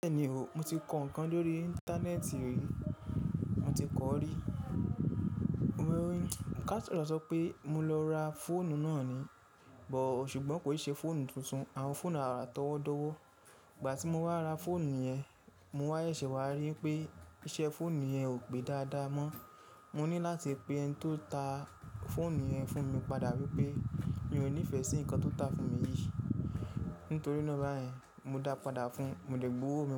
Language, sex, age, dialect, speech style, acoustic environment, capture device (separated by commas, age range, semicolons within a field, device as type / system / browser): Yoruba, male, 25-34, Nigeria - Ibadan, happy; focused, people talking; nature, mobile / Linux / Chrome